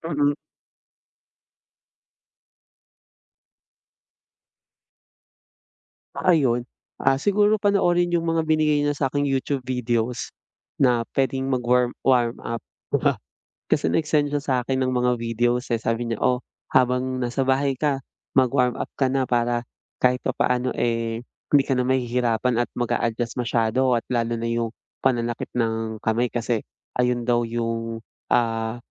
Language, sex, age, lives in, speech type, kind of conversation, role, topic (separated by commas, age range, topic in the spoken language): Filipino, male, 25-29, Philippines, conversation, advice, user, Paano ko masisimulan ang bagong libangan kahit natatakot ako?